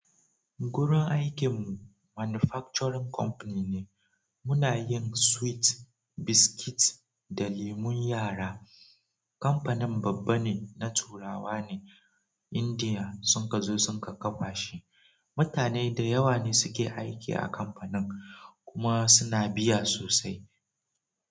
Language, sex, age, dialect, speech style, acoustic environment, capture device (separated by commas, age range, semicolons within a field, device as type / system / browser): Hausa, male, 18-24, Nigeria - Nigerian Standard, relaxed; focused, nature, mobile / Android / Chrome